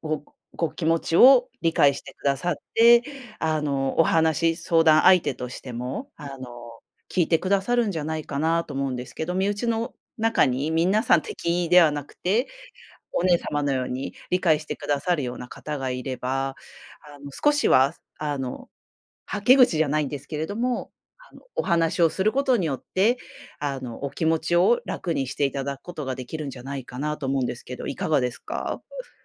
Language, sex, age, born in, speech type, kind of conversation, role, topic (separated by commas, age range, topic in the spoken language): Japanese, female, 35-39, Japan, conversation, advice, advisor, 家族と自分の価値観が違うとき、どう対処すればよいですか？